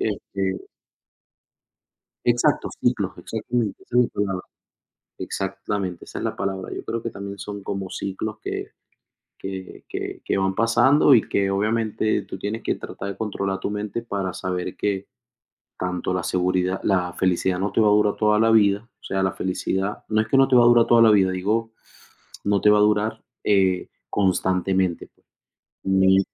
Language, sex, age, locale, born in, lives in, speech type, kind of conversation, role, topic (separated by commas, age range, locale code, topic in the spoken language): Spanish, male, 30-34, es-VE, Venezuela, United States, conversation, unstructured, none, ¿Cómo defines la felicidad en tu vida diaria?